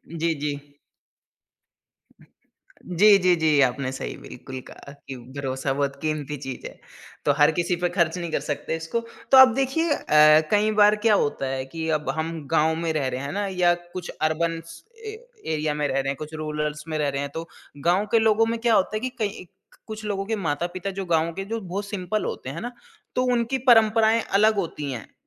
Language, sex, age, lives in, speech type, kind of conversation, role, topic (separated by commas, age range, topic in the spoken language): Hindi, male, 30-34, India, conversation, podcast, host, ऑनलाइन दोस्ती और असली दोस्ती में क्या फर्क होता है?